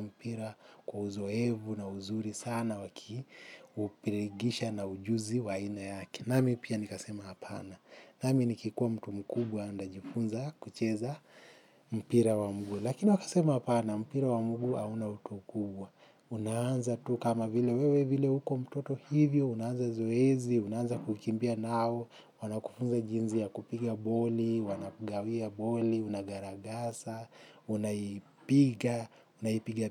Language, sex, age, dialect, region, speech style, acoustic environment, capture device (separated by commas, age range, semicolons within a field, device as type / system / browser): Swahili, male, 25-34, Kenya - Mombasa, Kenya, happy; focused, people talking; traffic; construction; appliances; music, mobile / Linux / Chrome